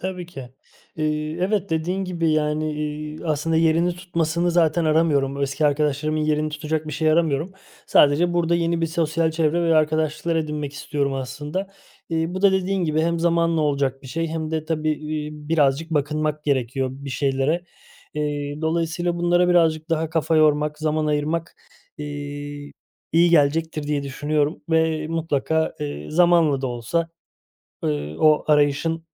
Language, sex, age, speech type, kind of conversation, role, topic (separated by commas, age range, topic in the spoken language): Turkish, male, 30-34, conversation, advice, user, Taşındıktan sonra yeni arkadaşlar edinmekte neden zorlanıyorsun?